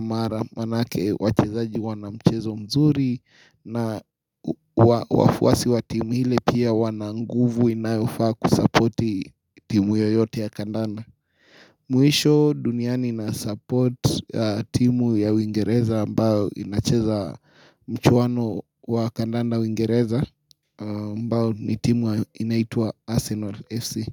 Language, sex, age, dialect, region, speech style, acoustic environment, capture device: Swahili, male, 25-34, Kenya - Nairobi Sheng-influenced, Kenya, excited; happy; focused, silence, mobile / Linux / Chrome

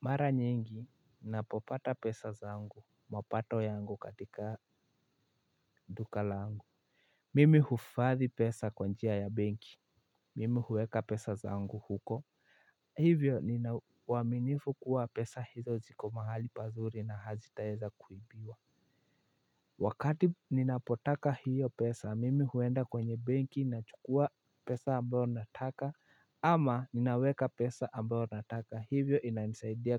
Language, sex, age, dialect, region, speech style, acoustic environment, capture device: Swahili, male, 18-24, Kenya - Nairobi Sheng-influenced, Kenya, happy, silence, mobile / Linux / Chrome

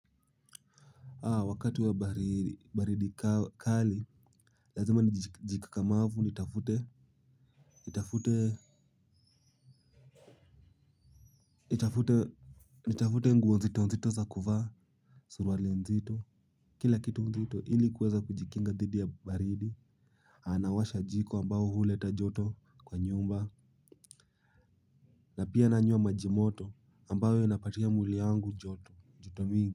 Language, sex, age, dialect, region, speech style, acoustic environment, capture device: Swahili, male, 18-24, Kenya - Nairobi Sheng-influenced, Kenya, focused; happy, silence, mobile / Linux / Chrome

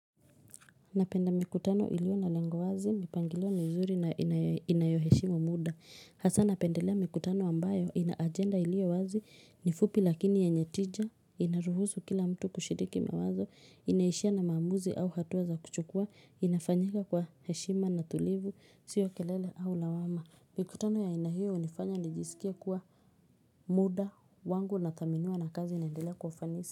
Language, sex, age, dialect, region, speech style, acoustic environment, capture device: Swahili, female, 25-34, Kenya - Nairobi Sheng-influenced, Kenya, relaxed, silence, mobile / Linux / Chrome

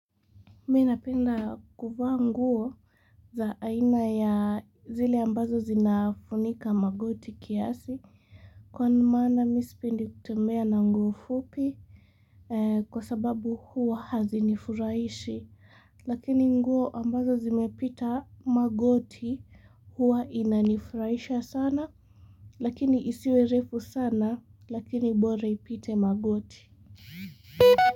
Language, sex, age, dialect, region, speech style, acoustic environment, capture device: Swahili, female, 18-24, Kenya - Mombasa, Kenya, anxious; relaxed; focused, nature; silence, mobile / Linux / Chrome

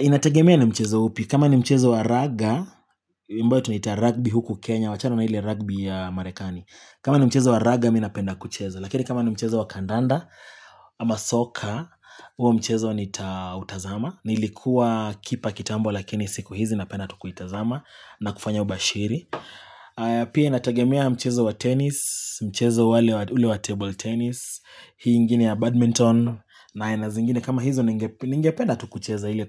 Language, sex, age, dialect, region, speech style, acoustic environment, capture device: Swahili, male, 25-34, Kenya - Nairobi Sheng-influenced, Kenya, tired, silence, mobile / Linux / Chrome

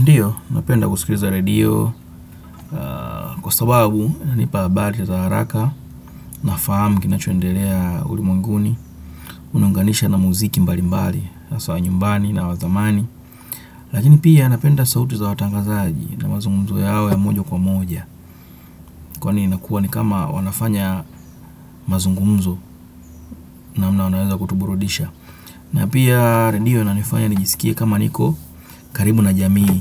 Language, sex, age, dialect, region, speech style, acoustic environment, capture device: Swahili, male, 25-34, Kenya - Nairobi Sheng-influenced, Kenya, happy, nature, desktop / Windows / Chrome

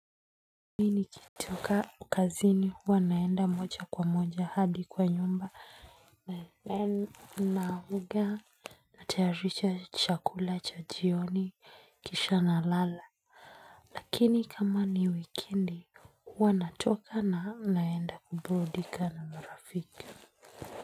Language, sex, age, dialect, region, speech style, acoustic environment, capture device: Swahili, female, 25-34, Kenya - Nairobi Sheng-influenced, Kenya, happy, silence, mobile / Linux / Chrome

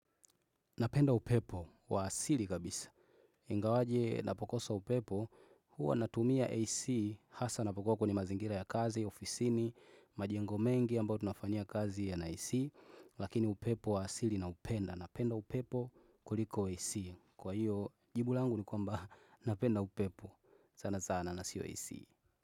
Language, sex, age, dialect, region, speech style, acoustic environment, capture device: Swahili, male, 25-34, Tanzania - Dar es Salaam, Tanzania, happy, nature, desktop / Windows / Chrome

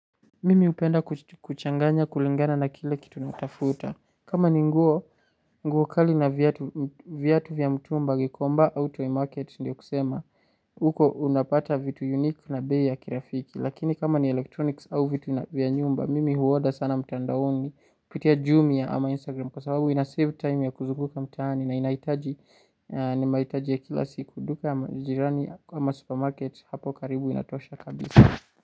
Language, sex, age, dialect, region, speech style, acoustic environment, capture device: Swahili, male, 18-24, Kenya - Nairobi Sheng-influenced, Kenya, relaxed, silence, desktop / Linux / Chrome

